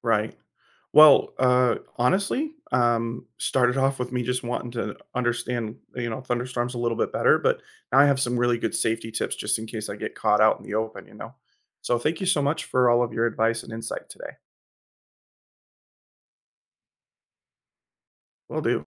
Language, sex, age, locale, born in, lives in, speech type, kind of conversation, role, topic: English, male, 30-34, en-US, United States, United States, read, expert-assistant, asker, How does lightning work and how can we stay safe during thunderstorms?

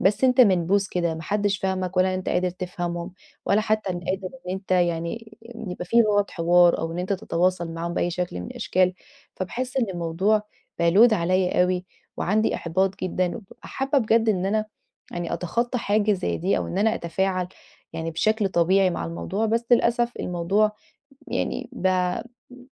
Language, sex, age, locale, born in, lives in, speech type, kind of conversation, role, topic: Arabic, female, 30-34, ar-EG, Egypt, Portugal, conversation, advice, user, إزاي أبني مرونة قدّام الرفض وأتعامل معاه من غير ما أحبط؟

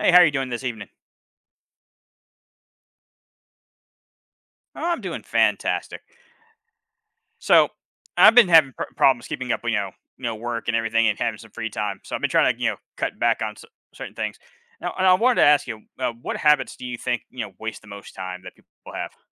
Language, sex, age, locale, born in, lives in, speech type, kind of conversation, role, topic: English, male, 40-44, en-US, United States, United States, conversation, unstructured, none, How can we recognize and change habits that make us less productive?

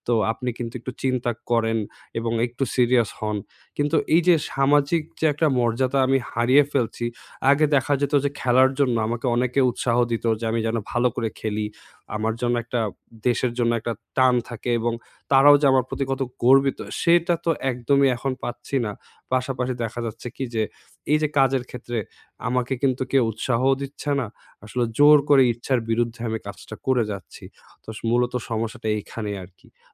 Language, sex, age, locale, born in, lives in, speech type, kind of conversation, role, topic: Bengali, male, 20-24, bn-BD, Bangladesh, Bangladesh, conversation, advice, user, আমি সামাজিক মর্যাদা হারালে কীভাবে মানিয়ে নিতে পারি?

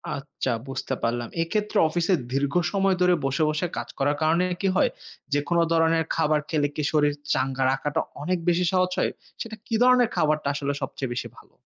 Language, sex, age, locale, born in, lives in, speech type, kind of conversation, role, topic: Bengali, male, 25-29, bn-BD, Bangladesh, Finland, read, expert-assistant, asker, স্বাস্থ্যকর খাদ্যাভ্যাস গড়ে তোলার উপকারিতা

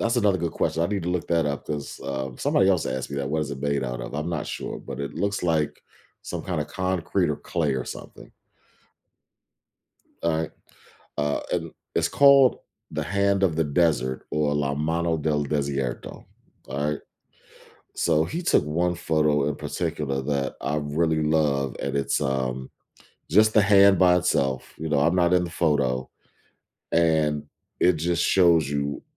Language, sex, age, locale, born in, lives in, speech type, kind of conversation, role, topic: English, male, 40-44, en-US, United States, United States, conversation, podcast, guest, How has your hobby created meaningful memories or changed your perspective?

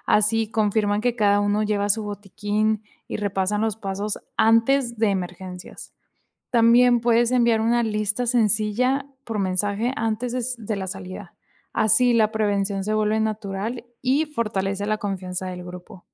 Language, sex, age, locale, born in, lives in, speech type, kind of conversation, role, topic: Spanish, female, 25-29, es-MX, Mexico, Mexico, read, expert-assistant, expert, ¿Cuáles son las fuentes más confiables para informarse sobre senderismo y rutas al aire libre en Latinoamérica?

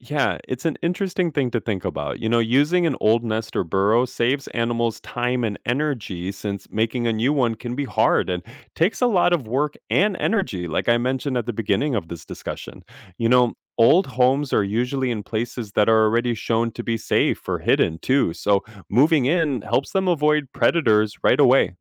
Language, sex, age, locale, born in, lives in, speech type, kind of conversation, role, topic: English, male, 30-34, en-US, United States, United States, read, expert-assistant, expert, Why do some animals build nests or burrows?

